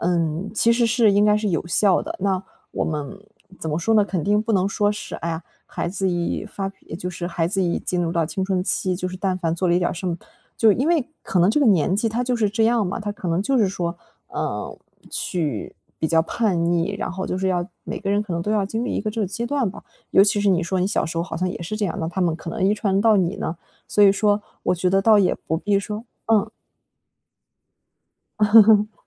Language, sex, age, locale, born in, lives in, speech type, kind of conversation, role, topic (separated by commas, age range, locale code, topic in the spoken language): Chinese, female, 25-29, zh-CN, China, Germany, conversation, advice, advisor, 当情绪突然激动时，我怎样才能快速平静下来？